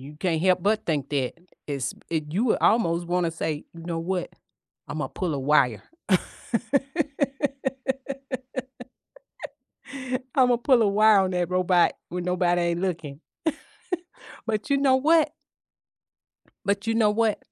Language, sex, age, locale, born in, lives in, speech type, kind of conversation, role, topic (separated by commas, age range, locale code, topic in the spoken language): English, female, 55-59, en-US, United States, United States, conversation, unstructured, none, How can technology help people learn more effectively?